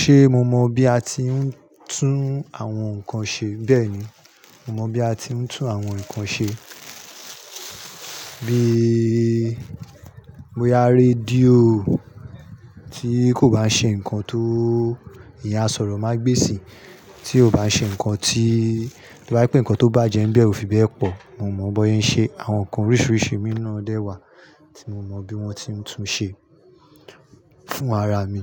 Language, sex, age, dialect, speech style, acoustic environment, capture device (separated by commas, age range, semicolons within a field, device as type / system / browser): Yoruba, male, 35-44, Nigeria - Nigerian Standard, happy; anxious; nervous; excited; relaxed, people talking; construction; nature, mobile / Linux / Chrome